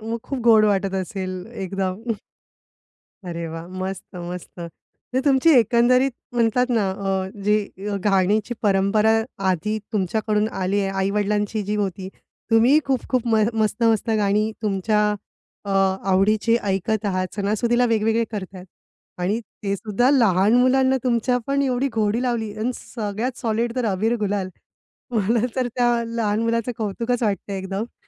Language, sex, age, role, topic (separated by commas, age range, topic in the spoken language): Marathi, female, 35-39, host, लहानपणी तुमच्या घरी कोणती गाणी नेहमी वाजायची?